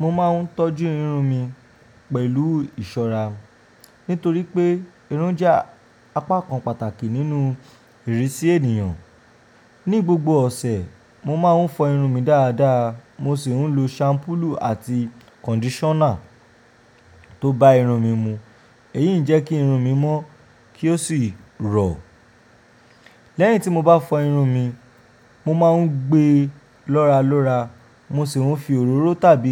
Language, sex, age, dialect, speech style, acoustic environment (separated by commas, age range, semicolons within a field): Yoruba, male, 25-34, Nigeria - Ijebu, relaxed, silence